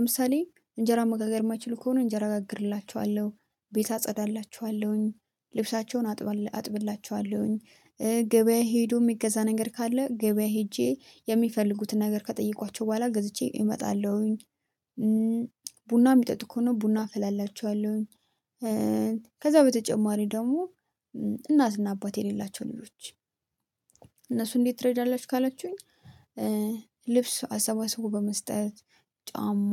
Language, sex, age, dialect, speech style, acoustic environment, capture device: Amharic, female, 25-34, Ethiopia - Addis Ababa, happy, silence, mobile / Linux / Chrome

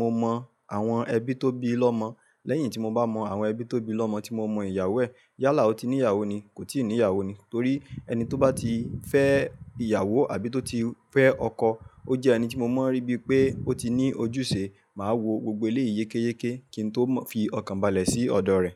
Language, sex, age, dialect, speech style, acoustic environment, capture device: Yoruba, male, 25-34, Nigeria - Ibadan, happy, nature, mobile / Linux / Chrome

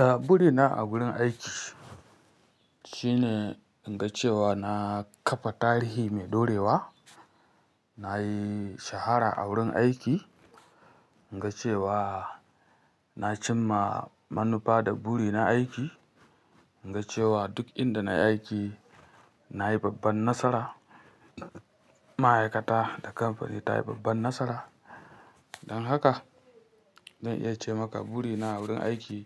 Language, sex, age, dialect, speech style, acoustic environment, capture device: Hausa, female, 25-34, Nigeria - Kano, happy; relaxed; focused, nature; silence, mobile / Linux / Chrome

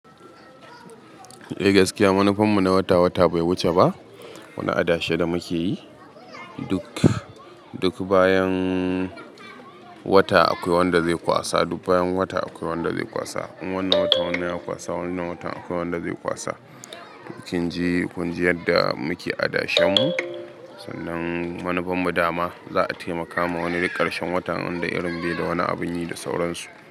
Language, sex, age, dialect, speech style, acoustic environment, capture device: Hausa, male, 25-34, Nigeria - Katsina, anxious, nature, mobile / Linux / Chrome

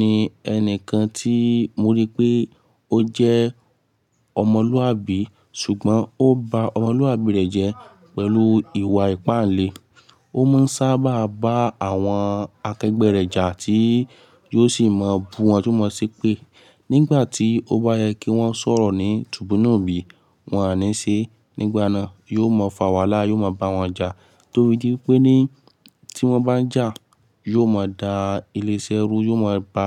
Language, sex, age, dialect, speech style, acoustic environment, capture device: Yoruba, male, 18-24, Nigeria - Lagos Yoruba, focused, nature, mobile / Linux / Chrome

